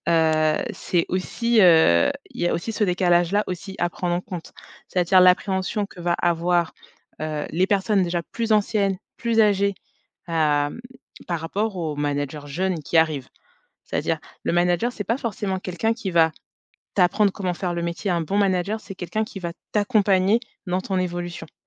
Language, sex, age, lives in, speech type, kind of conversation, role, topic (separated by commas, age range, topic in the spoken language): French, female, 35-39, France, conversation, podcast, guest, Qu’est-ce qui fait, selon toi, un bon manager ?